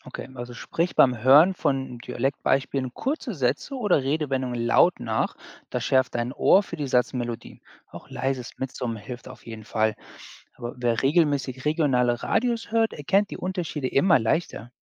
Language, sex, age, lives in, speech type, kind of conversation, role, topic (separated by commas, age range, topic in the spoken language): German, male, 40-44, United States, read, expert-assistant, expert, Wie kann ich meinen Alltag so planen, dass ich öfter unterschiedliche Sprachstile oder Dialekte höre und kennenlerne?